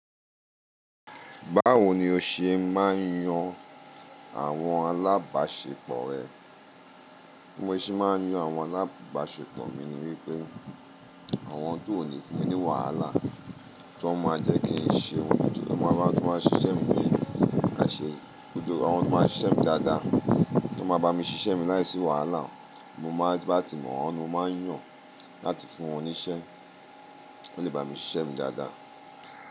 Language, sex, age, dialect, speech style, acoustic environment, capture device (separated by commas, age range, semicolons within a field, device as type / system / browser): Yoruba, male, 35-44, Nigeria - Lagos Yoruba, happy, appliances, mobile / Linux / Chrome